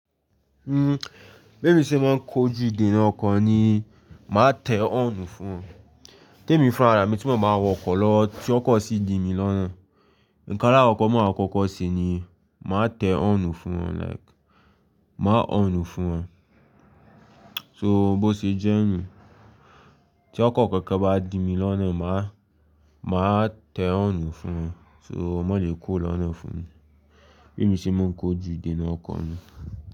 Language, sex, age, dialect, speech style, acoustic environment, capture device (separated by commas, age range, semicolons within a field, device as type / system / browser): Yoruba, male, 18-24, Nigeria - Lagos, anxious; focused, silence; people talking, mobile / Linux / Chrome